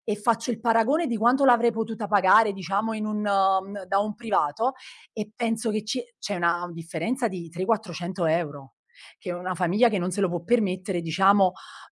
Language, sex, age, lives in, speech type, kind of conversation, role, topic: Italian, female, 35-39, Italy, conversation, podcast, guest, Qual è un viaggio che ti ha cambiato la vita?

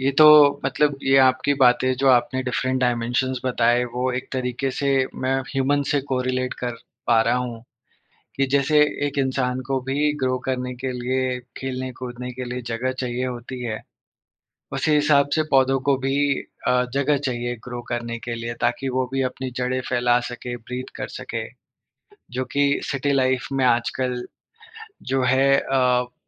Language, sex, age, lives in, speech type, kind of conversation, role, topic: Hindi, male, 30-34, India, conversation, podcast, host, City life mein tum nature se apna connection kaise maintain karte ho?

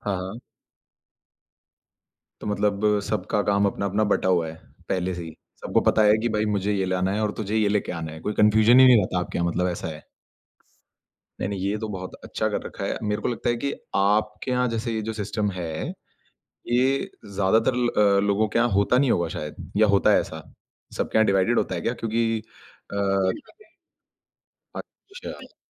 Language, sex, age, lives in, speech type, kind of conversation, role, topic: Hindi, male, 25-29, India, conversation, podcast, host, Tumhare yahan ghar ke kaam baantne ka system kaisa hai?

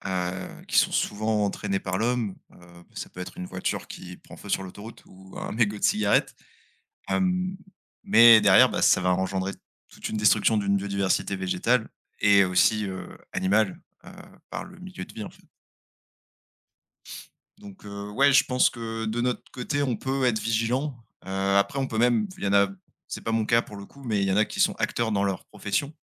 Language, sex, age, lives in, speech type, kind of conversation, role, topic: French, male, 25-29, France, conversation, unstructured, none, Qu’est-ce que la biodiversité et pourquoi est-elle précieuse ?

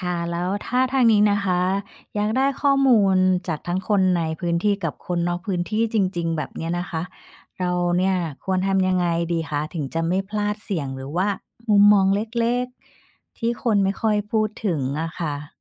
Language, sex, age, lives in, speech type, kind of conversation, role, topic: Thai, female, 45-49, Thailand, read, expert-assistant, asker, แหล่งข้อมูลแบบไหนที่ช่วยให้เราเห็นมุมมองหลากหลายเวลาศึกษาชุมชนในไทย?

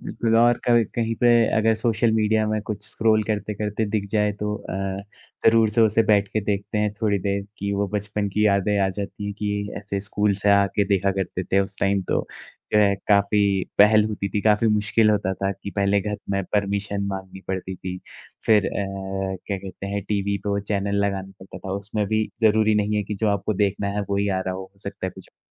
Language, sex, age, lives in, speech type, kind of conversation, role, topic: Hindi, male, 20-24, India, conversation, unstructured, none, आपके बचपन का सबसे पसंदीदा कार्टून कौन-सा था?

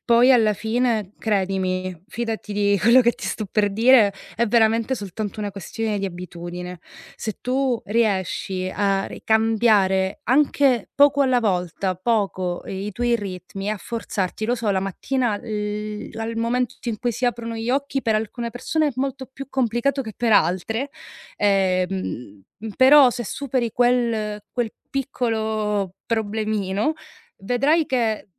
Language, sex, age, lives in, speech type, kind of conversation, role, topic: Italian, female, 30-34, Germany, conversation, advice, advisor, Come posso gestire il sovraccarico di lavoro ed evitare il burnout mentre porto avanti la mia startup?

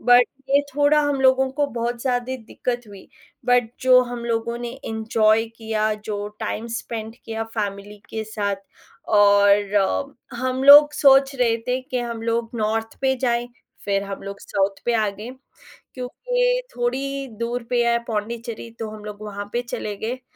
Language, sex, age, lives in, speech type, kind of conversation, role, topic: Hindi, female, 30-34, India, conversation, unstructured, none, आपको यात्रा के दौरान सबसे ज्यादा कौन-सी समस्या होती है?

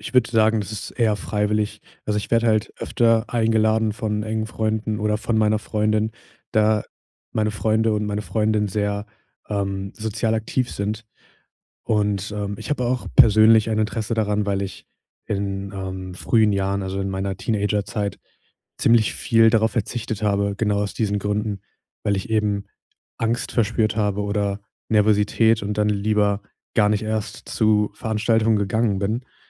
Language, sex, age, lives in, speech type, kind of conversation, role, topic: German, male, 20-24, Germany, conversation, advice, user, Warum fühle ich mich auf Partys und anderen sozialen Veranstaltungen oft unwohl und überfordert?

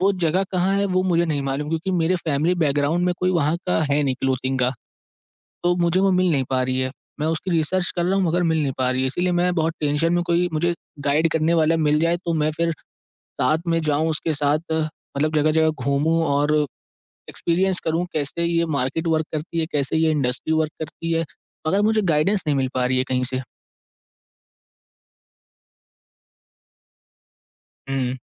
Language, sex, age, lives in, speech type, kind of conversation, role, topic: Hindi, male, 18-19, India, conversation, advice, user, आप बड़े प्रोजेक्ट की शुरुआत क्यों नहीं कर पा रहे हैं?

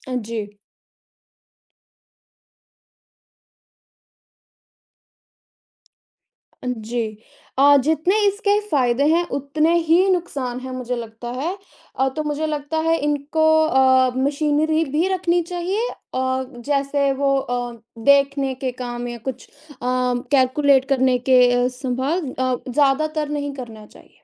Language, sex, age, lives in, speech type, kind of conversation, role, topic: Hindi, female, 20-24, United States, conversation, unstructured, none, कृत्रिम बुद्धिमत्ता ने स्वास्थ्य सेवा प्रदाताओं का कार्यभार कैसे कम किया है?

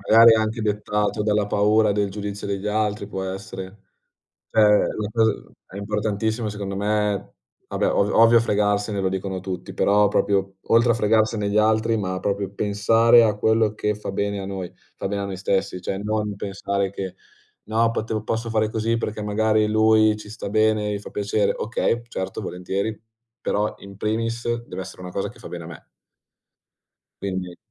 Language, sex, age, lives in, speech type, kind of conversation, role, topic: Italian, male, 20-24, Italy, conversation, unstructured, none, Come immagini la tua vita tra cinque anni?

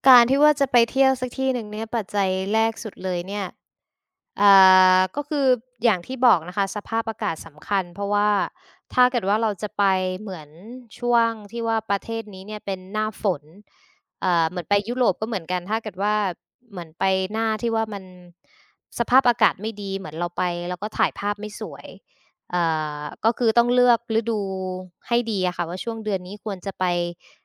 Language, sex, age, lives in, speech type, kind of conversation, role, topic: Thai, female, 25-29, Thailand, conversation, unstructured, none, คุณพิจารณาปัจจัยใดบ้างเมื่อต้องเลือกสถานที่ท่องเที่ยว?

